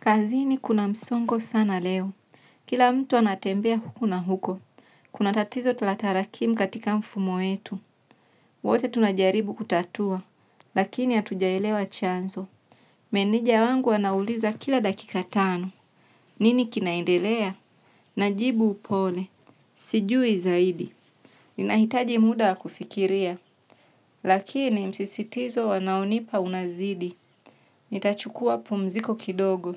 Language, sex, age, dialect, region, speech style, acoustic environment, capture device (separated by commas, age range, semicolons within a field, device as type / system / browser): Swahili, female, 25-34, Tanzania - Dar es Salaam, Tanzania, relaxed, nature, mobile / Linux / Chrome